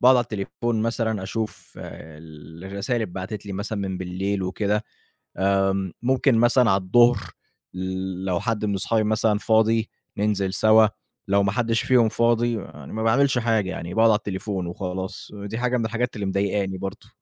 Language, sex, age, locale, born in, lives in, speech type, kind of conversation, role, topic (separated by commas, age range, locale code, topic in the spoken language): Arabic, male, 25-29, ar-EG, Egypt, Egypt, conversation, advice, user, ليه بآجّل التمرين رغم إني عايز أحسّن جسمي؟